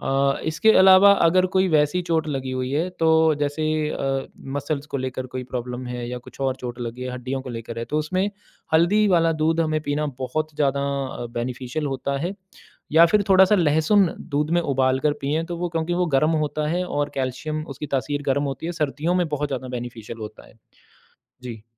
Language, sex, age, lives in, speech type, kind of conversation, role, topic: Hindi, male, 35-39, India, conversation, podcast, guest, Diet ka tumhare experience mein healing par kya asar padta hai?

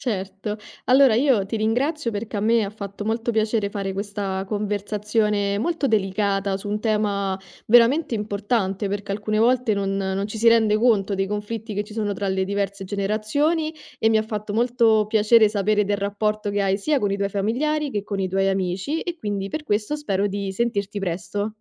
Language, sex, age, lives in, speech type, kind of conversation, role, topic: Italian, female, 25-29, Italy, conversation, podcast, host, Come si risolvono i conflitti tra generazioni a casa?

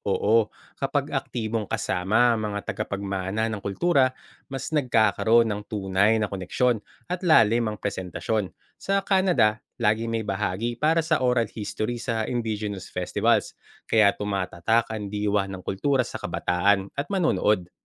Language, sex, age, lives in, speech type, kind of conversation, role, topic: Filipino, male, 35-39, Philippines, read, expert-assistant, expert, Kung kailangang pumili ng iilang folk arts para isalba at ipasa sa susunod na henerasyon, alin-alin ang uunahin mo at ano ang mga batayan ng iyong pagpili?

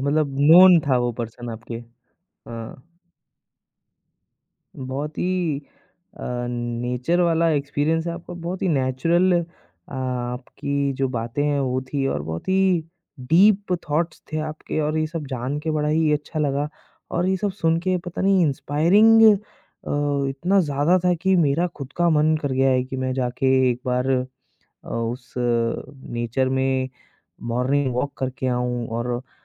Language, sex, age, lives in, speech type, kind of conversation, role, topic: Hindi, male, 25-29, India, conversation, podcast, host, Nature ne tumhe kab aur kaise kisi mushkil waqt se bahar nikala?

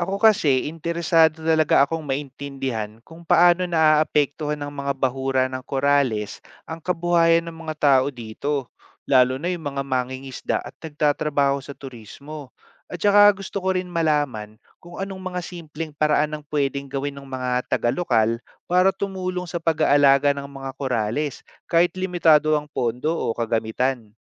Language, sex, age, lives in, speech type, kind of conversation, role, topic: Filipino, male, 30-34, Philippines, read, expert-assistant, asker, Paano natin mapoprotektahan at mapapangalagaan ang mga bahura ng korales sa komunidad?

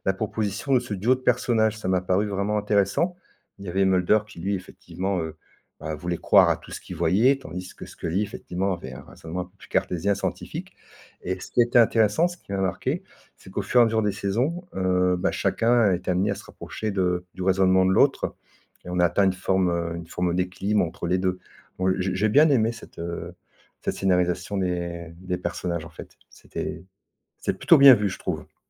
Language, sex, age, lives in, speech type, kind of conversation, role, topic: French, male, 50-54, France, conversation, podcast, guest, Quelle série t’a marqué récemment ?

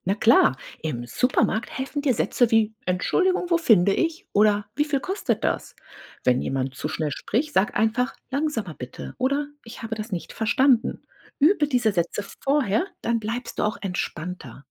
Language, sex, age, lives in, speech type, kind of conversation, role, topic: German, female, 40-44, United States, read, expert-assistant, expert, Welche Sprachfähigkeiten sollte man am Anfang besonders trainieren, um sich schnell verständigen zu können?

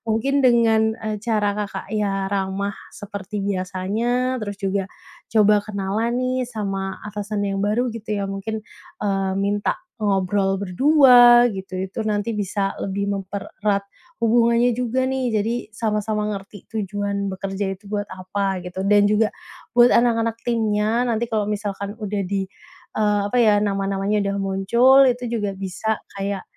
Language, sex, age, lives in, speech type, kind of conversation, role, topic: Indonesian, female, 30-34, Indonesia, conversation, advice, advisor, Bagaimana saya sebaiknya mempersiapkan diri menghadapi kenaikan jabatan dengan tanggung jawab kerja yang lebih besar?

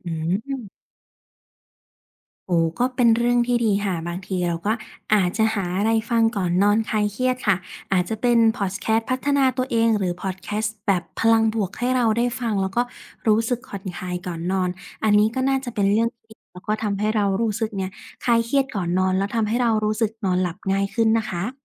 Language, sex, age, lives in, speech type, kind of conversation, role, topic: Thai, female, 25-29, Thailand, conversation, advice, advisor, นอนไม่หลับเพราะคิดเรื่องต่างๆ ซ้ำไปซ้ำมา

